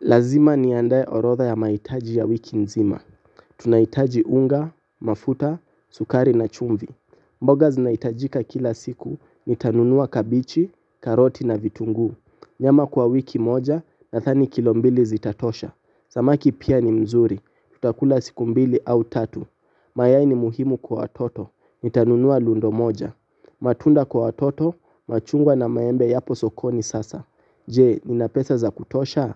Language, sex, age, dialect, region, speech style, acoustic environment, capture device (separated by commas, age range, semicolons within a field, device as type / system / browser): Swahili, male, 18-24, Kenya - Mombasa, Kenya, relaxed, appliances, mobile / Linux / Chrome